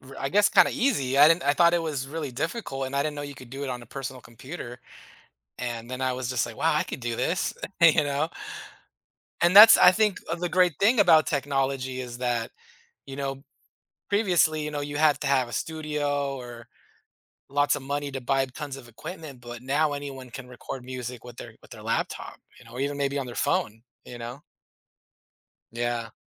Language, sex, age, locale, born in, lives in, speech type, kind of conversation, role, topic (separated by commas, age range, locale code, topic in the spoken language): English, male, 40-44, en-US, United States, United States, conversation, podcast, host, What are some effective ways to make learning more engaging for students?